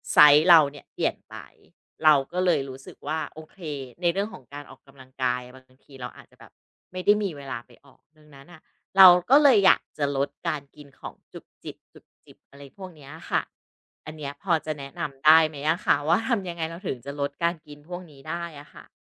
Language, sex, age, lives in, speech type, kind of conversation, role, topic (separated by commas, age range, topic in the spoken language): Thai, female, 40-44, Thailand, conversation, advice, user, ฉันจะควบคุมความอยากอาหารและลดการกินของว่างแปรรูปในชีวิตประจำวันได้อย่างไรโดยไม่กลับไปกินแบบเดิมอีก?